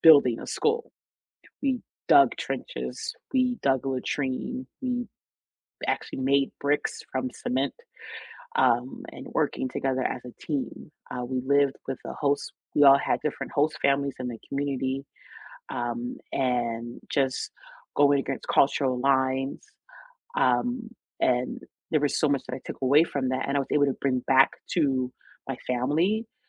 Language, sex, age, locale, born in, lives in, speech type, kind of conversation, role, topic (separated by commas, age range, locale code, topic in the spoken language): English, female, 35-39, en-US, United States, United States, conversation, unstructured, none, Which field trips changed how you saw the world, and why did they matter to you?